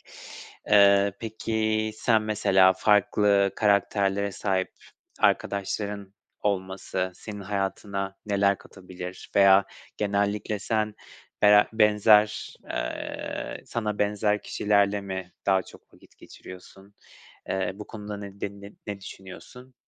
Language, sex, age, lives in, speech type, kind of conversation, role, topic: Turkish, male, 25-29, Poland, conversation, unstructured, none, Arkadaşlarını seçerken nelere dikkat edersin?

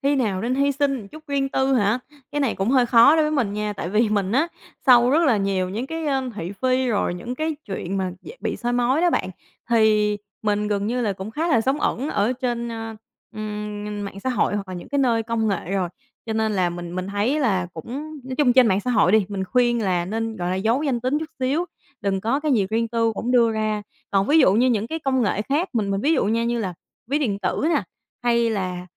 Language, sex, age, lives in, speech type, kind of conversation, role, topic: Vietnamese, female, 25-29, Vietnam, conversation, podcast, guest, Bạn nghĩ ranh giới giữa quyền riêng tư và sự tiện lợi của công nghệ nên được đặt ở đâu?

